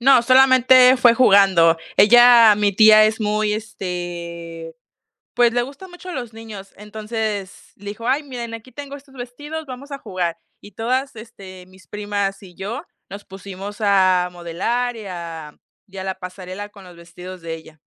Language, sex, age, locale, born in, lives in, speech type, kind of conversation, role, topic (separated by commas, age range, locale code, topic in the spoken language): Spanish, female, 20-24, es-MX, Mexico, Mexico, conversation, podcast, guest, ¿Cómo ha influido tu familia en tu estilo?